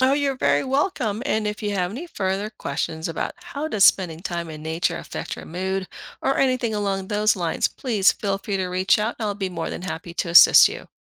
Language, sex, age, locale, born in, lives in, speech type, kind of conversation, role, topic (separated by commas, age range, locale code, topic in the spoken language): English, female, 50-54, en-US, Philippines, United States, read, expert-assistant, expert, Does spending time in nature improve mood?